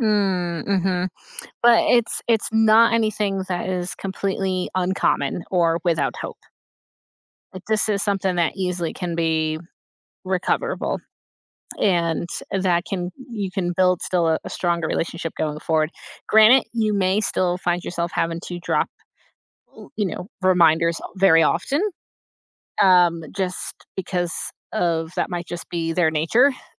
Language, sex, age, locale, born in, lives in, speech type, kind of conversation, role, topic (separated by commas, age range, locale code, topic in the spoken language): English, female, 35-39, en-US, United States, United States, conversation, advice, advisor, How can I get my messy roommate to keep common areas clean without damaging our relationship?